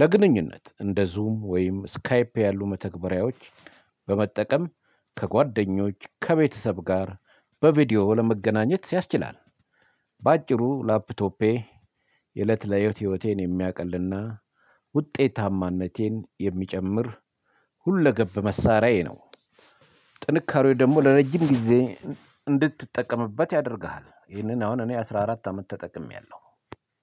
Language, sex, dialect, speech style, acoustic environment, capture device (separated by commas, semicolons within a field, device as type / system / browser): Amharic, male, Ethiopia - Addis Ababa, focused; relaxed, silence, mobile / Linux / Chrome